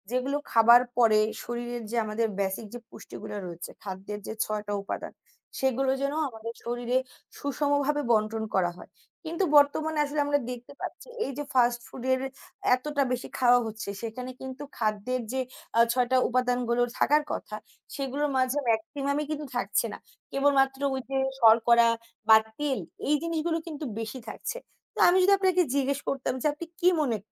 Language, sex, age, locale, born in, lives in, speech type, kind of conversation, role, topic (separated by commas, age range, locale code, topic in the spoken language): Bengali, female, 20-24, bn-BD, Bangladesh, Bangladesh, conversation, unstructured, none, আপনি কি মনে করেন দ্রুত প্রস্তুত খাবার আমাদের স্বাস্থ্যকে ক্ষতিগ্রস্ত করছে?